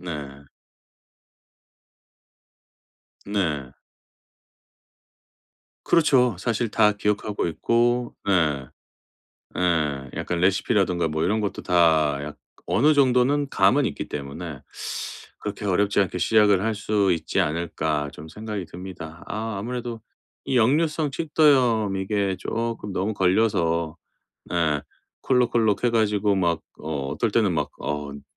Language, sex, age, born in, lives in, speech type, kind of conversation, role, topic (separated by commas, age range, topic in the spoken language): Korean, male, 45-49, South Korea, United States, conversation, advice, user, 가공식품 섭취를 줄이고 싶은데 가족과 함께 외식할 때도 실천하려면 어떻게 시작하면 좋을까요?